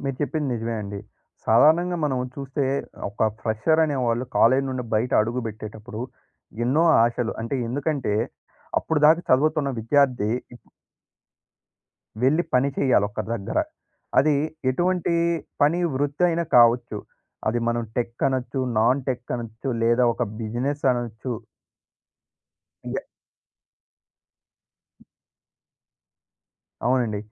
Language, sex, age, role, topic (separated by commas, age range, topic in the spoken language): Telugu, male, 20-24, guest, మీరు సులభంగా “లేదు” అని ఎలా చెప్పగలరు?